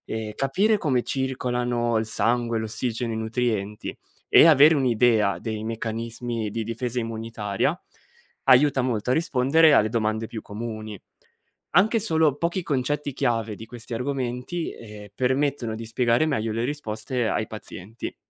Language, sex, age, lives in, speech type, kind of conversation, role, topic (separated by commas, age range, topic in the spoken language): Italian, male, 25-29, Italy, read, expert-assistant, expert, Quali sono le basi per comprendere il funzionamento del sistema nervoso umano?